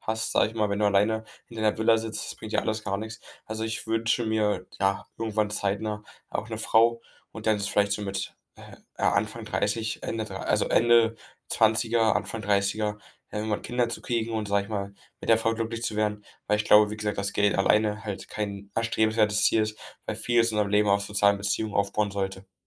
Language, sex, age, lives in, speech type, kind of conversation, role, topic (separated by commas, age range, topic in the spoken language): German, male, 18-19, Germany, conversation, advice, user, Wie kann ich ein großes Ziel in klare, realistische Etappen aufteilen, um erfolgreich zu sein?